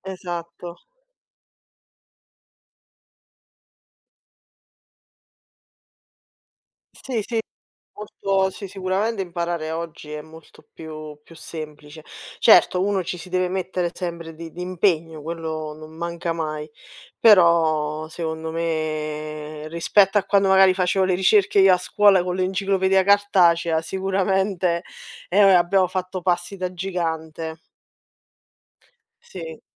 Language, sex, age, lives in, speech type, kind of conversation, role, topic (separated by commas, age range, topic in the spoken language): Italian, female, 30-34, Italy, conversation, unstructured, none, Che cosa ti sorprende di più del modo in cui impariamo oggi?